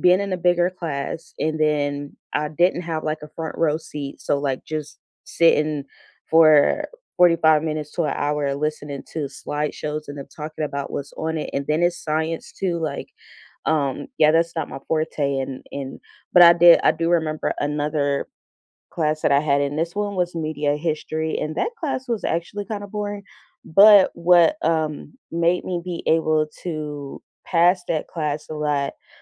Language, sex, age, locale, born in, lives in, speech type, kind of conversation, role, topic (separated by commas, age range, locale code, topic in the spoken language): English, female, 30-34, en-US, United States, United States, conversation, unstructured, none, What learning style helps you most—visuals, hands-on practice, or listening—and how has it shaped you?